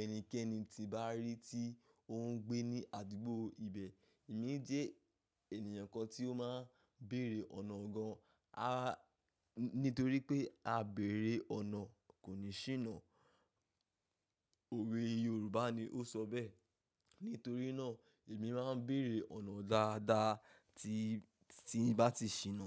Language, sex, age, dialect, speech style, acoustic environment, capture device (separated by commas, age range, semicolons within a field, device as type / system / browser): Yoruba, male, 25-34, Nigeria - Ibadan, focused, nature; silence, mobile / macOS / Safari